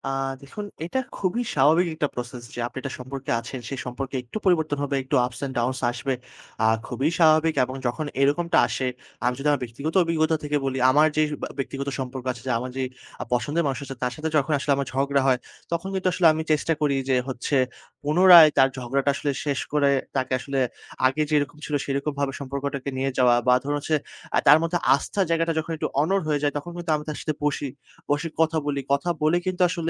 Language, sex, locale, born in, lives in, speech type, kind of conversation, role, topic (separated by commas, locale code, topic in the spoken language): Bengali, male, bn-BD, Bangladesh, Bangladesh, conversation, podcast, guest, সম্পর্কে আস্থা তৈরি করতে আপনি কী করেন?